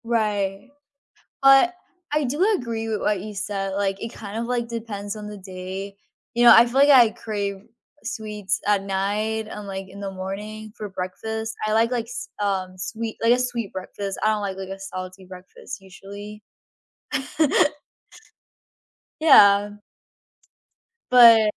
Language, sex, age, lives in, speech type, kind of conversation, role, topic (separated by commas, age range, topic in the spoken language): English, female, 18-19, United States, conversation, unstructured, none, Which do you prefer, sweet snacks or salty snacks, and why?